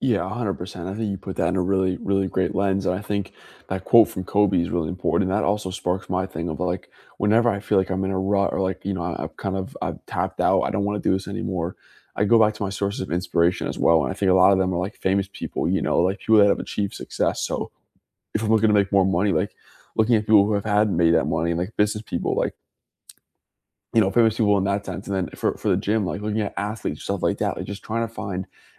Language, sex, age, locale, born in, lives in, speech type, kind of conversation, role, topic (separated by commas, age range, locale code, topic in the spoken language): English, male, 20-24, en-US, United States, United States, conversation, unstructured, none, How do you stay motivated when working toward a big goal?